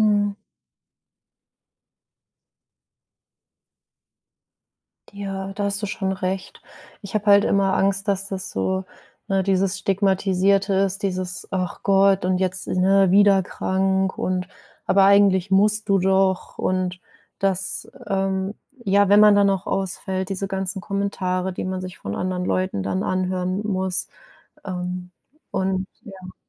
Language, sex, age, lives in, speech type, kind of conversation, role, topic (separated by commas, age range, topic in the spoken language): German, female, 25-29, Germany, conversation, advice, user, Wie kann ich meine Angst vor Stigmatisierung bewältigen, wenn ich mein Burnout offenlege?